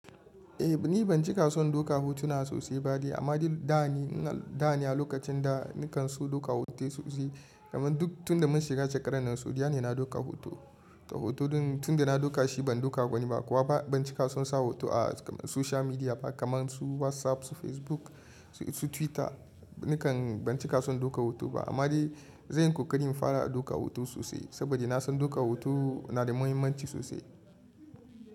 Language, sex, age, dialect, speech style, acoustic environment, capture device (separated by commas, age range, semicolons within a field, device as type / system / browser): Hausa, male, 25-34, Nigeria - Kano, relaxed, people talking, mobile / Linux / Chrome